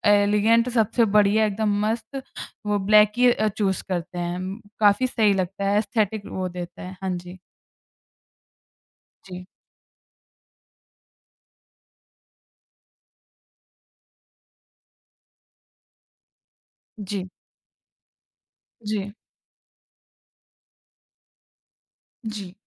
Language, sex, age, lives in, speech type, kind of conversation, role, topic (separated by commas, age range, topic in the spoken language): Hindi, female, 20-24, India, conversation, podcast, guest, Tumhari aesthetic ki pehchaan banne wali sabse khaas cheez kya hai?